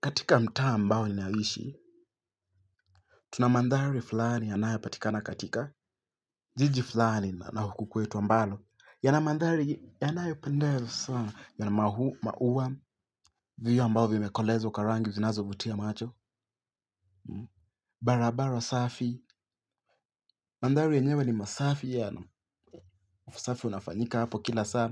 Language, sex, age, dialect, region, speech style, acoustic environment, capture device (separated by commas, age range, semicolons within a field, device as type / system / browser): Swahili, male, 18-24, Kenya - Mombasa, Kenya, stressed; focused, silence, mobile / Linux / Chrome